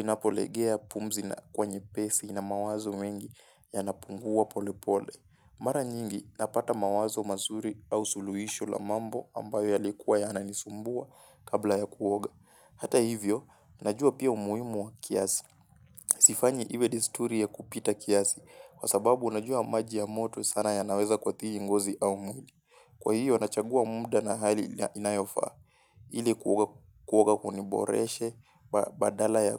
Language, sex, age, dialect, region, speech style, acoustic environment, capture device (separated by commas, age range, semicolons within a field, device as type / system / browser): Swahili, male, 18-24, Kenya - Mombasa, Kenya, focused, silence, mobile / Linux / Chrome